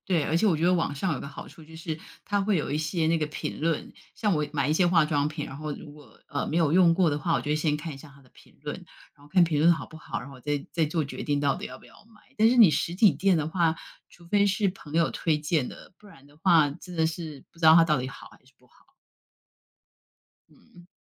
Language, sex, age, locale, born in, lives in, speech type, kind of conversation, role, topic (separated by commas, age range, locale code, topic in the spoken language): Chinese, female, 50-54, zh-TW, Taiwan, United States, conversation, unstructured, none, 你更倾向于选择网购还是去实体店购物？